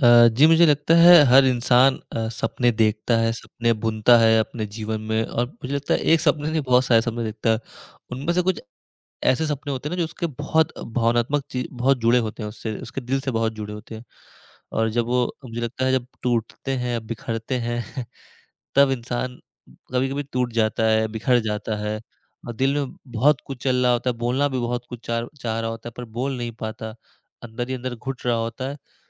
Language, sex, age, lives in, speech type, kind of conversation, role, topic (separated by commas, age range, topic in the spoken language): Hindi, male, 20-24, India, conversation, podcast, host, जब कोई सपना टूट जाए, तो आप खुद को कैसे संभालते हैं?